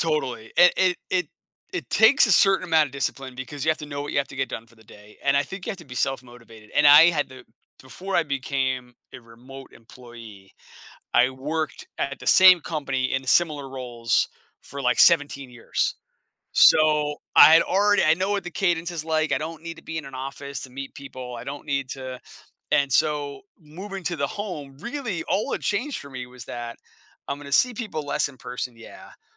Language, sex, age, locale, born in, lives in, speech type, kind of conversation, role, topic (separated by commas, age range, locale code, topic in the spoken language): English, male, 40-44, en-US, United States, United States, conversation, podcast, guest, What strategies help you maintain a healthy balance between work and personal life when working from home?